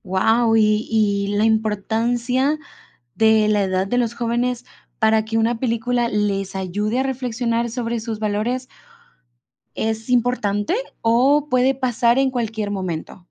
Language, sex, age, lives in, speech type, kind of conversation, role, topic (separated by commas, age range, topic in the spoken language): Spanish, female, 18-19, United States, read, expert-assistant, asker, ¿Cómo influye el cine en la formación de valores en los jóvenes?